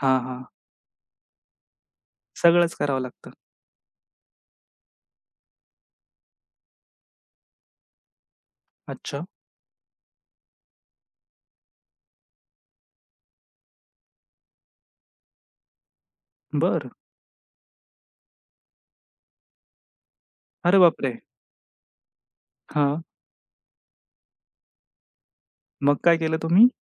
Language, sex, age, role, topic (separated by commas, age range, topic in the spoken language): Marathi, male, 25-29, host, सणानिमित्त घरात बनवलेल्या मिष्टान्नांबद्दल तुमच्या कोणत्या आठवणी आहेत?